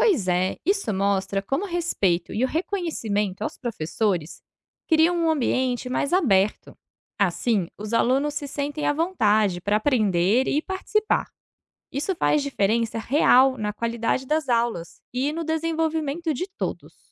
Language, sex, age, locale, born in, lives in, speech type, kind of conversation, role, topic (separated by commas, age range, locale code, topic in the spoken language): Portuguese, female, 30-34, pt-BR, Brazil, Portugal, read, expert-assistant, expert, Por que devemos valorizar os professores